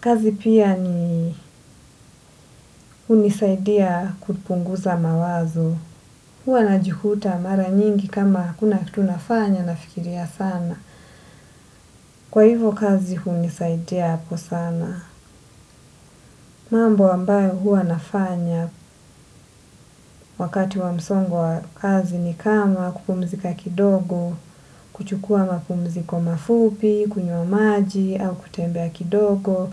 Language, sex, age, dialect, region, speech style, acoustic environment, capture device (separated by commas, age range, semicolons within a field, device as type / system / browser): Swahili, female, 25-34, Kenya - Mombasa, Kenya, relaxed, silence, desktop / Windows / Edge